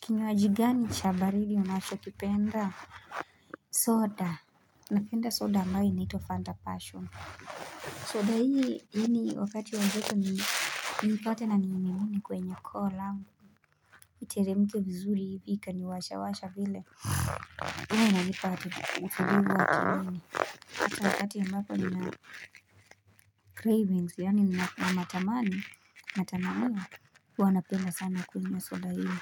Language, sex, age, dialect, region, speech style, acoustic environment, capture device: Swahili, female, 18-24, Kenya - Nairobi Sheng-influenced, Kenya, relaxed, silence, mobile / Linux / Chrome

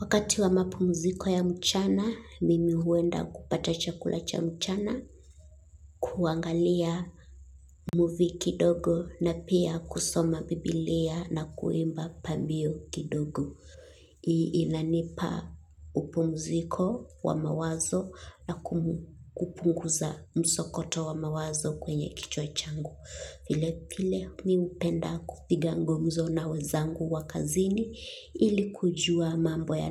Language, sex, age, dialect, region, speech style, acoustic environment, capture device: Swahili, female, 25-34, Kenya - Mombasa, Kenya, relaxed, silence, mobile / Linux / Chrome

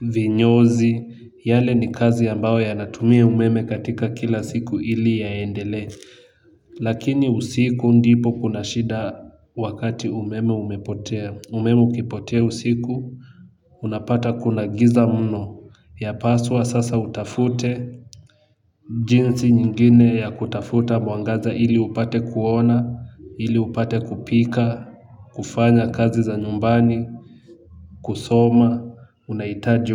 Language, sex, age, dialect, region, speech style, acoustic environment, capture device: Swahili, male, 18-24, Kenya - Nairobi Sheng-influenced, Kenya, excited, nature; music, mobile / Linux / Chrome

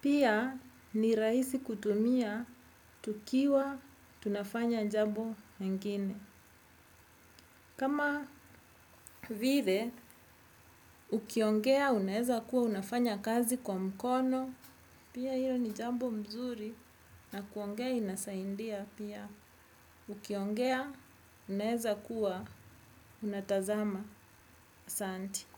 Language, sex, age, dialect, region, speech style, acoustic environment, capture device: Swahili, female, 35-44, Kenya - Nairobi Sheng-influenced, Kenya, happy, music; nature; people talking; traffic; construction; appliances, desktop / Windows / Edge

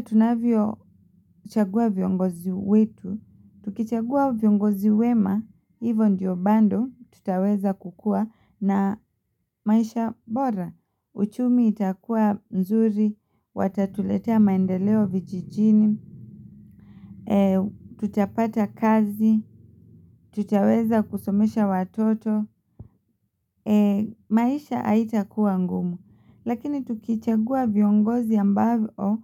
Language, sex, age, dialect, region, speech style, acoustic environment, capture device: Swahili, female, 25-34, Kenya - Mombasa, Kenya, relaxed, silence, mobile / Linux / Chrome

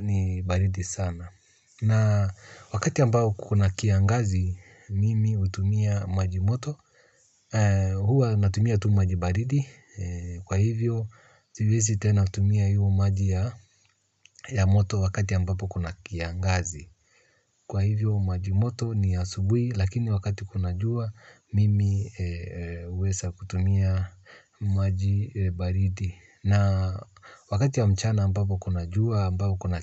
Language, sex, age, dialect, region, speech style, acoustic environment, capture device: Swahili, male, 35-44, Kenya - Nairobi Sheng-influenced, Kenya, relaxed; focused, silence, mobile / Linux / Chrome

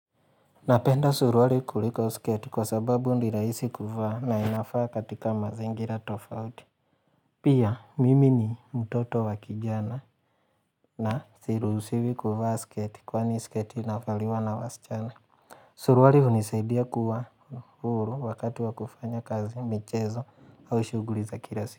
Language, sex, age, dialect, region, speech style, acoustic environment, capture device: Swahili, male, 25-34, Kenya - Mombasa, Kenya, relaxed; focused, silence; nature, mobile / Linux / Chrome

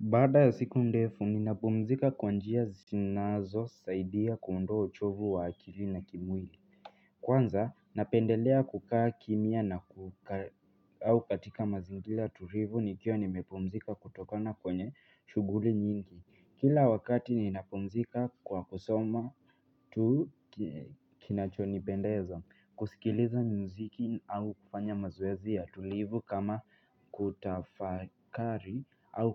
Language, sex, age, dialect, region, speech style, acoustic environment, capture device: Swahili, female, 25-34, Kenya - Nairobi Sheng-influenced, Kenya, happy; relaxed, nature; silence, mobile / Linux / Chrome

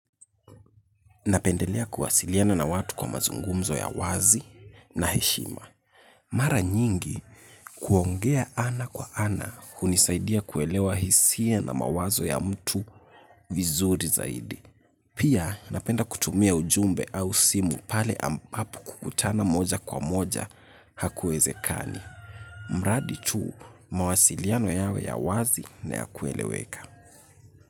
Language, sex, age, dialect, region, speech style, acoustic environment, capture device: Swahili, male, 18-24, Kenya - Nairobi Sheng-influenced, Kenya, relaxed; focused, nature; silence, mobile / Linux / Chrome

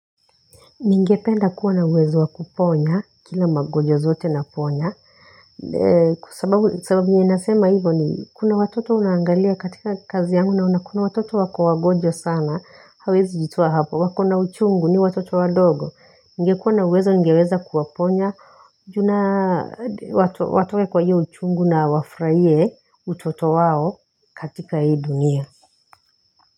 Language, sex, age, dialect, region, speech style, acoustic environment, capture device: Swahili, female, 35-44, Kenya - Nairobi Sheng-influenced, Kenya, relaxed, silence, mobile / Linux / Chrome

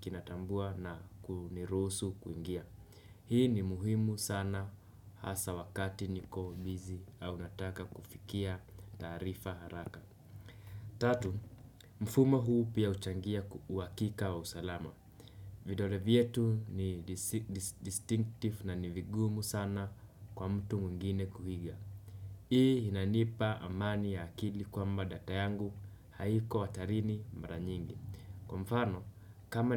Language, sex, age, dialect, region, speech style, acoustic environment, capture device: Swahili, male, 18-24, Kenya - Nairobi Sheng-influenced, Kenya, relaxed, silence, desktop / Windows / Chrome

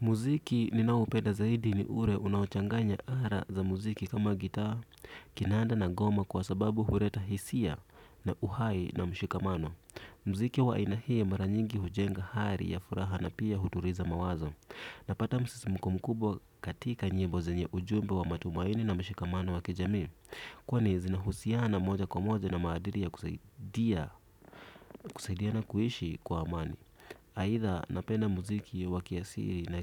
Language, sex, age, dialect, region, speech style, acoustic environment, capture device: Swahili, male, 25-34, Kenya - Nairobi Sheng-influenced, Kenya, relaxed; focused, silence, desktop / Windows / Edge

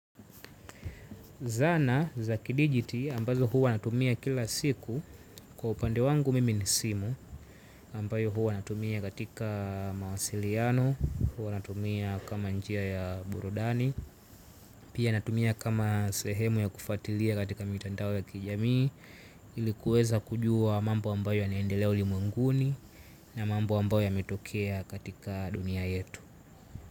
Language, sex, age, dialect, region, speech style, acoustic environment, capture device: Swahili, male, 18-24, Tanzania - Dar es Salaam, Tanzania, relaxed, nature; silence, mobile / Linux / Chrome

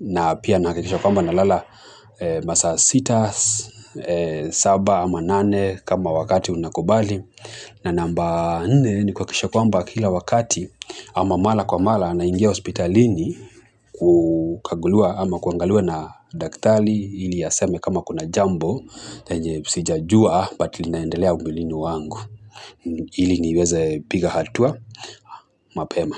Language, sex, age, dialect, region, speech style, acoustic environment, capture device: Swahili, male, 25-34, Kenya - Mombasa, Kenya, nervous; excited, people talking, mobile / Linux / Chrome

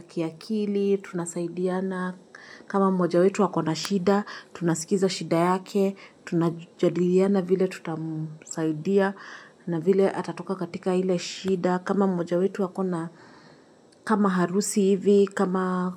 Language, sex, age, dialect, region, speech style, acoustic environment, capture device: Swahili, female, 25-34, Kenya - Nairobi Sheng-influenced, Kenya, happy, silence, mobile / Linux / Chrome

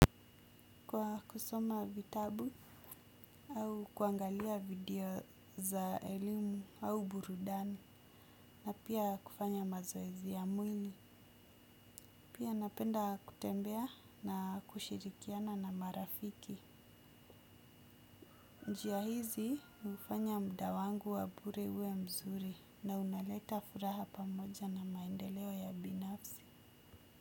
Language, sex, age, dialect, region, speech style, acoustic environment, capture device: Swahili, female, 25-34, Kenya - Mombasa, Kenya, focused, nature, desktop / Windows / Chrome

